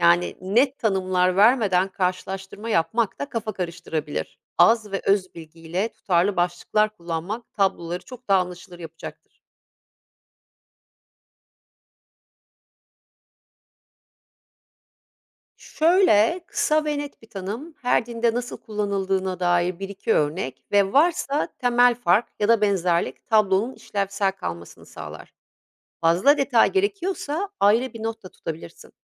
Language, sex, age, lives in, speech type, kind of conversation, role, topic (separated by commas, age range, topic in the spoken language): Turkish, female, 50-54, Italy, read, expert-assistant, expert, Farklı dinler hakkında bilgi edinirken hangi yöntemler daha etkili olur?